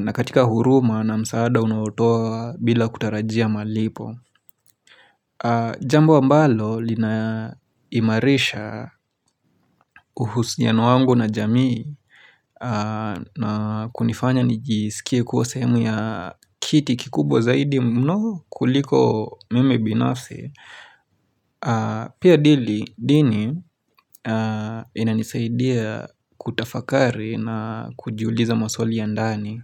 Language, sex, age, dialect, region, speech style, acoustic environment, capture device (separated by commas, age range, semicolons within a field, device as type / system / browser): Swahili, male, 25-34, Kenya - Nairobi Sheng-influenced, Kenya, relaxed; focused, silence, mobile / Linux / Chrome